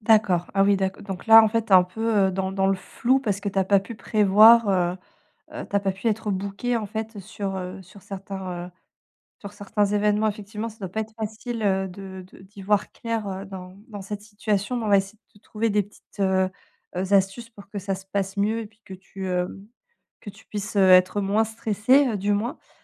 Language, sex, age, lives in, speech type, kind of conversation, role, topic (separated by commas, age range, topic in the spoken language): French, female, 35-39, France, conversation, advice, advisor, Comment puis-je gérer l’incertitude financière et le risque dans mon entreprise ?